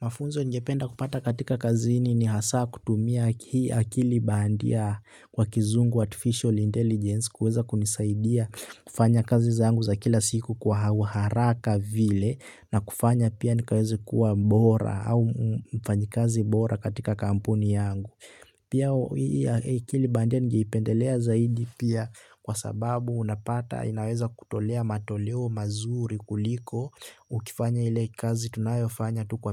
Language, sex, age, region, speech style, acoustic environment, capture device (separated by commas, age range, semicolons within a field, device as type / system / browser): Swahili, male, 18-24, Kenya, happy, nature, desktop / Linux / Chrome